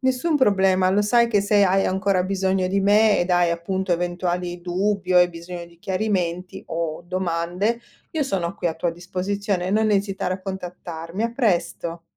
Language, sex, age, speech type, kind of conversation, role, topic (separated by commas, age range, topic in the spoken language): Italian, female, 45-49, read, expert-assistant, expert, Perché c’è spesso confusione tra stress, ansia e depressione? Come possiamo distinguerli meglio?